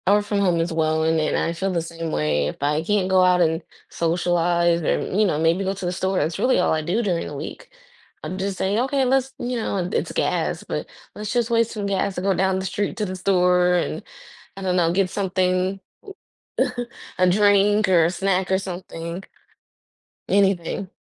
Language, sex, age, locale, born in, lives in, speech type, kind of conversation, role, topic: English, female, 25-29, en-US, United States, United States, conversation, unstructured, none, Would you rather always speak your mind or never speak again?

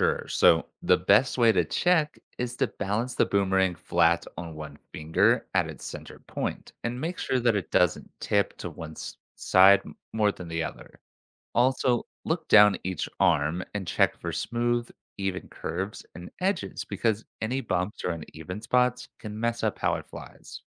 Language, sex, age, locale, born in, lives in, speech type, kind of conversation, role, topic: English, male, 25-29, en-US, United States, United States, read, expert-assistant, expert, How does a boomerang return to the thrower?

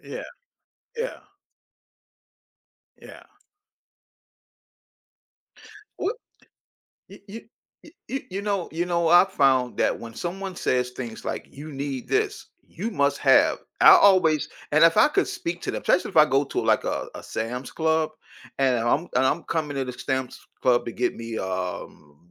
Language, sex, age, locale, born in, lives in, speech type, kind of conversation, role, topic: English, male, 55-59, en-US, United States, United States, conversation, podcast, host, Why do so many people struggle with managing their finances effectively?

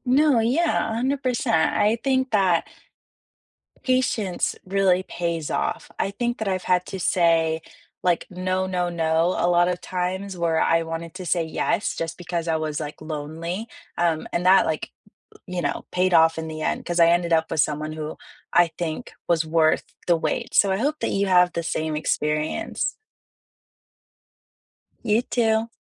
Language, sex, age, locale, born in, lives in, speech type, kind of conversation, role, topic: English, female, 20-24, en-US, United States, United States, conversation, unstructured, none, How do you show care in everyday moments, and which love languages feel most natural to you so you can understand and appreciate each other better?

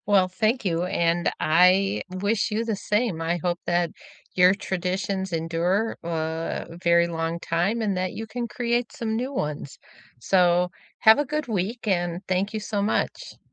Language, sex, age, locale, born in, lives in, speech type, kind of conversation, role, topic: English, female, 65-69, en-US, United States, United States, conversation, unstructured, none, Which old tradition have you adapted for today, and how does it bring you closer?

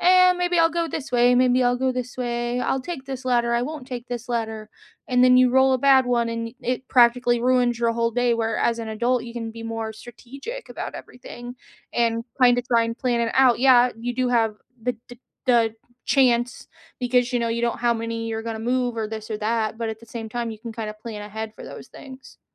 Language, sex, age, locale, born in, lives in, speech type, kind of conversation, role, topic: English, female, 30-34, en-US, United States, United States, conversation, unstructured, none, Which childhood games or hobbies would you love to revisit today?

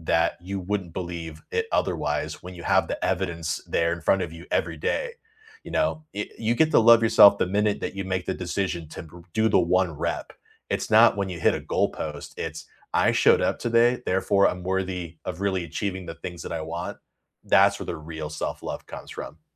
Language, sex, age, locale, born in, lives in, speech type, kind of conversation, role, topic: English, male, 30-34, en-US, United States, United States, conversation, unstructured, none, What small weekend rituals have been helping you recharge lately, and when does structure help or hinder your rest?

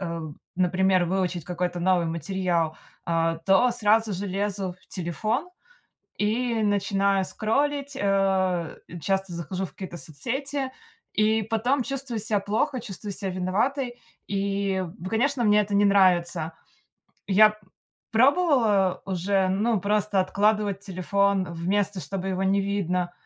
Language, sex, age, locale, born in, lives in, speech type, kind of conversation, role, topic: Russian, female, 30-34, ru-RU, Russia, United States, conversation, advice, user, Как постоянные отвлечения на телефон мешают вам доводить задачи до конца?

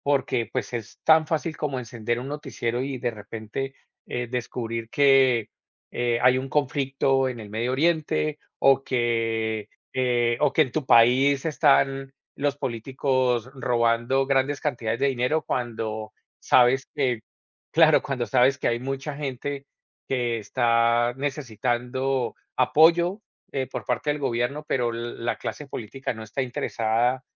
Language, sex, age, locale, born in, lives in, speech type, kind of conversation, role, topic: Spanish, male, 45-49, es-CO, Colombia, United States, conversation, unstructured, none, ¿Qué opinas sobre la manera en que los medios presentan las noticias?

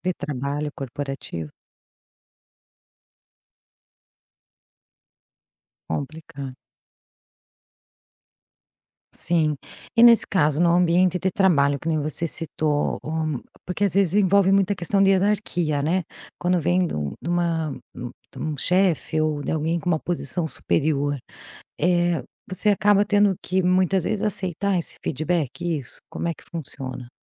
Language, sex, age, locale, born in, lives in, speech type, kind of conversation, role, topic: Portuguese, female, 45-49, pt-BR, Brazil, Portugal, conversation, podcast, host, Como você incorpora feedback sem perder sua visão?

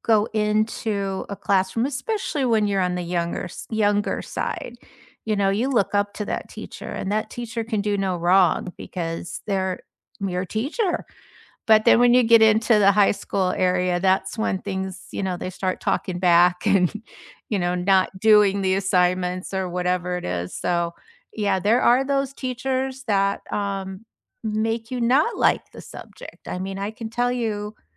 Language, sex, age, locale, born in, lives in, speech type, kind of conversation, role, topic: English, female, 65-69, en-US, United States, United States, conversation, unstructured, none, Which teacher changed your life, and why?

